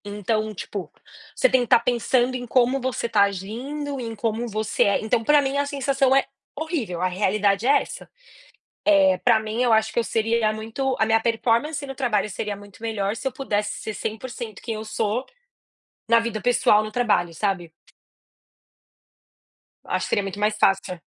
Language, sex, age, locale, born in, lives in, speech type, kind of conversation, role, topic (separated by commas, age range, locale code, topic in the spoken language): Portuguese, female, 30-34, pt-BR, Brazil, United States, conversation, unstructured, none, Você já precisou esconder uma parte de você para se encaixar?